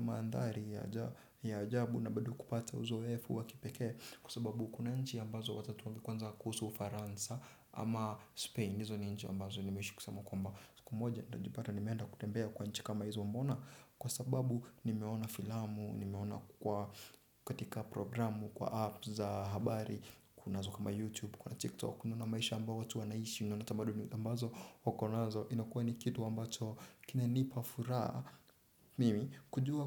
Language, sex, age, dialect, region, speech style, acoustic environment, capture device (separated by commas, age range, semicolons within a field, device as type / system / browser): Swahili, male, 18-24, Kenya - Nairobi Sheng-influenced, Kenya, relaxed, silence, desktop / Windows / Chrome